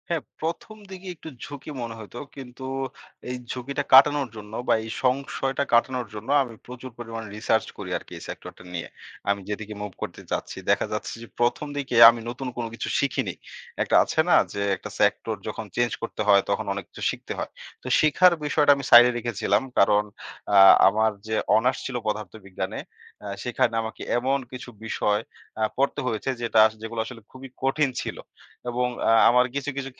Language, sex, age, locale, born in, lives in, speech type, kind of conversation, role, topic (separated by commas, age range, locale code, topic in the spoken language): Bengali, male, 25-29, bn-BD, Bangladesh, Bangladesh, conversation, podcast, guest, আপনি আপনার কর্মজীবন কীভাবে শুরু করেছিলেন, আর কোন কারণে সেই পথ বেছে নিয়েছিলেন?